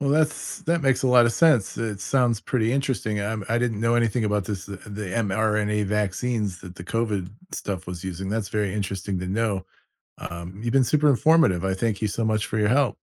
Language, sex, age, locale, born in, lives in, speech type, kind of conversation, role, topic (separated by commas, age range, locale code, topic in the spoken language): English, male, 50-54, en-US, United States, United States, read, expert-assistant, asker, How do vaccines protect people from diseases?